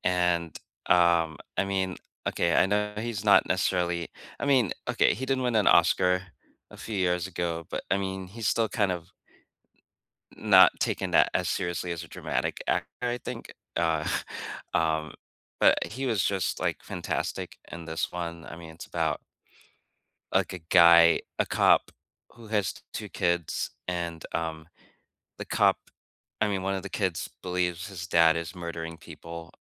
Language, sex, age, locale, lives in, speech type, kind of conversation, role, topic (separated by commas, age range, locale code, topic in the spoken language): English, male, 45-49, en-US, United States, conversation, unstructured, none, Which underrated, little-seen movies do you passionately recommend, and what makes them unforgettable to you?